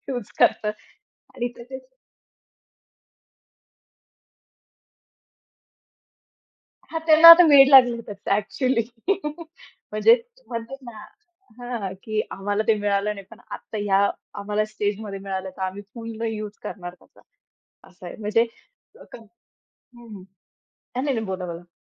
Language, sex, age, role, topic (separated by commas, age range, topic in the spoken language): Marathi, female, 25-29, guest, पालक आणि मुलांमधील संवादाबाबत अपेक्षा कशा असतात?